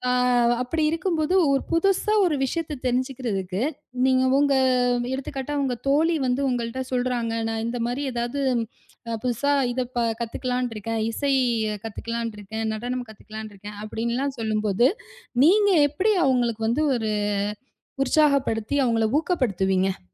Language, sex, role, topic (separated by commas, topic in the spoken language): Tamil, female, host, தொடர்ந்து புதிதாகக் கற்றுக்கொள்ள உங்களை ஊக்கப்படுத்தும் வழி என்ன?